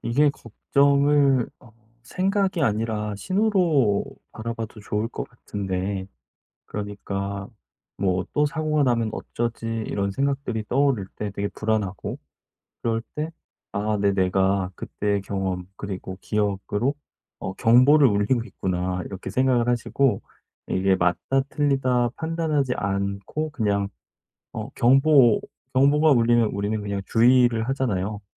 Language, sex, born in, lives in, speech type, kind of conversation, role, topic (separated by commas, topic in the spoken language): Korean, male, South Korea, South Korea, conversation, advice, advisor, 요즘 느끼는 불안이 자연스러운 반응인지, 어떻게 받아들이면 좋을까요?